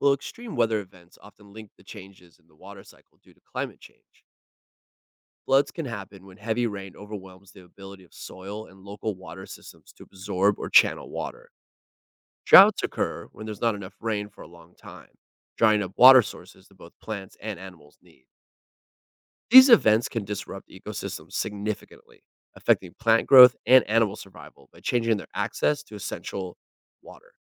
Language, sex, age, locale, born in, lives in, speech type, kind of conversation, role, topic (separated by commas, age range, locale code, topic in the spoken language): English, male, 40-44, en-US, United States, United States, read, expert-assistant, expert, How does the water cycle sustain life on Earth?